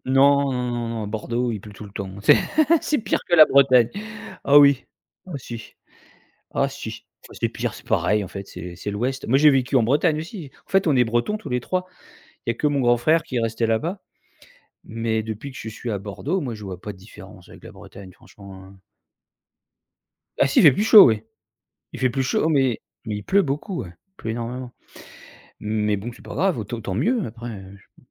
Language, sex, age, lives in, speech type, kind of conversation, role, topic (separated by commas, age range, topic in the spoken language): French, male, 45-49, France, conversation, podcast, guest, Quels sont tes indispensables dans ton sac à dos ?